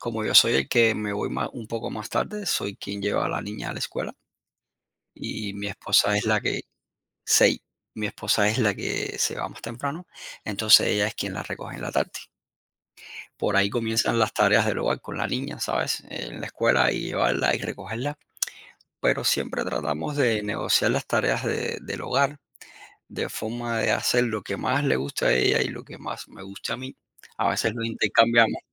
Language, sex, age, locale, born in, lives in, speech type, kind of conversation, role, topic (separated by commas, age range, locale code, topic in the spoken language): Spanish, male, 40-44, es-CU, Cuba, United States, conversation, podcast, guest, ¿Cómo negocias las responsabilidades del hogar con tu pareja?